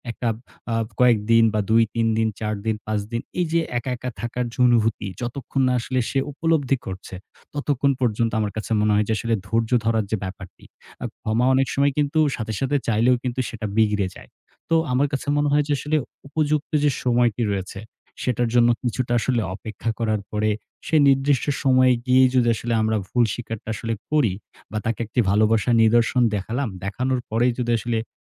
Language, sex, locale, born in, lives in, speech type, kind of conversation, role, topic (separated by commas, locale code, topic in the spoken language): Bengali, male, bn-BD, Bangladesh, Bangladesh, conversation, podcast, guest, কোনো ভুল হলে আপনি কীভাবে ক্ষমা চান?